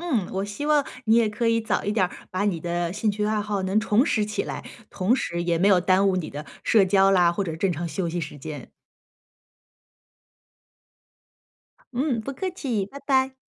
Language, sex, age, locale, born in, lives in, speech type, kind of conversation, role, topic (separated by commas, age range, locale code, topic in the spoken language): Chinese, female, 35-39, zh-CN, China, United States, conversation, advice, advisor, 在忙碌中如何坚持兴趣爱好？